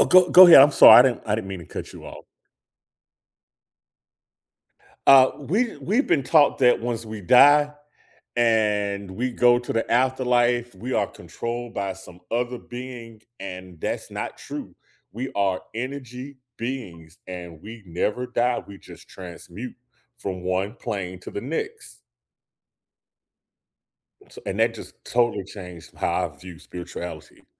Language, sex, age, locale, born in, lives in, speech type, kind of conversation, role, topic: English, male, 50-54, en-US, United States, United States, conversation, unstructured, none, What role does religion play in people’s everyday lives today?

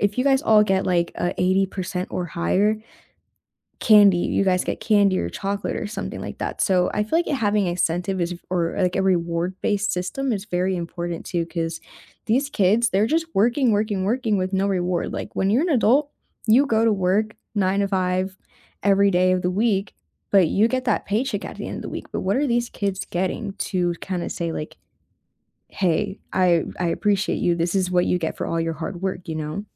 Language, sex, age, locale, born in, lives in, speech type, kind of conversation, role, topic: English, female, 20-24, en-US, United States, United States, conversation, unstructured, none, How would you redesign homework to fit real life, spark curiosity, and reduce stress?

